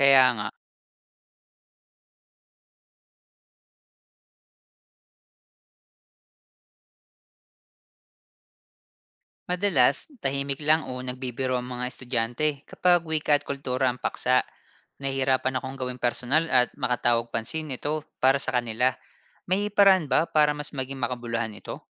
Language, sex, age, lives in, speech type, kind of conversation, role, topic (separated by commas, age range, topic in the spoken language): Filipino, male, 30-34, Philippines, read, expert-assistant, asker, Paano natin malalaman kung totoo at mapagkakatiwalaan ang mga balita tungkol sa kalagayan ng mga katutubong wika sa Pilipinas?